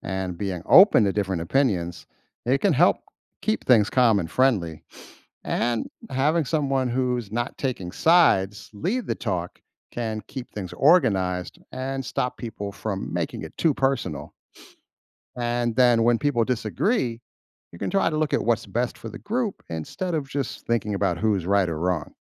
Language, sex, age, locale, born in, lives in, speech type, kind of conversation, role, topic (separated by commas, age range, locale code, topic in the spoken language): English, male, 50-54, en-US, United States, United States, read, expert-assistant, expert, How can you tell if a group is ready?